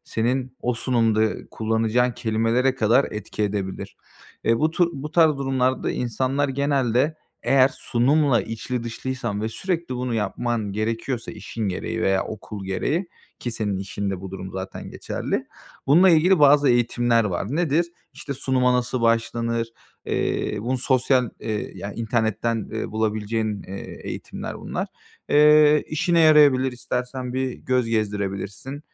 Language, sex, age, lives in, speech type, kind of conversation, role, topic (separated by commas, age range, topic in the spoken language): Turkish, male, 25-29, Bulgaria, conversation, advice, advisor, Topluluk önünde konuşurken özgüvenimi nasıl artırabilirim?